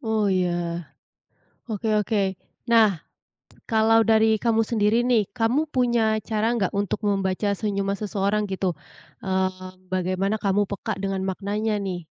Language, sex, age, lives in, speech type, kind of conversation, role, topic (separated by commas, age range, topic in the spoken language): Indonesian, female, 25-29, Indonesia, conversation, podcast, host, Apa arti senyuman dalam percakapan sehari-hari menurutmu?